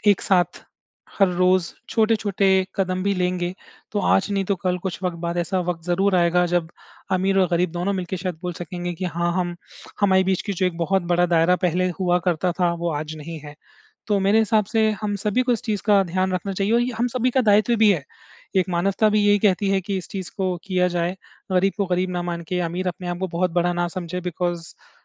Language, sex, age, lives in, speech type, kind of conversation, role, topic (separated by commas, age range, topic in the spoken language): Hindi, male, 30-34, India, conversation, unstructured, none, क्या आपके हिसाब से समाज में गरीब और अमीर के बीच की दूरी कम हो सकती है?